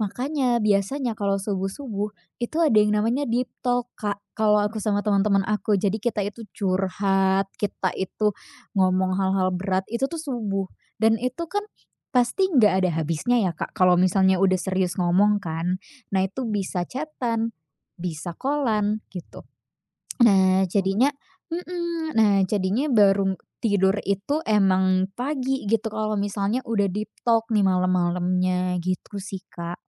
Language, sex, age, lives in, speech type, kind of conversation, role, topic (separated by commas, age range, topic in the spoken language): Indonesian, female, 20-24, Indonesia, conversation, advice, user, Mengapa Anda sering melewatkan waktu tidur karena menatap layar pada malam hari?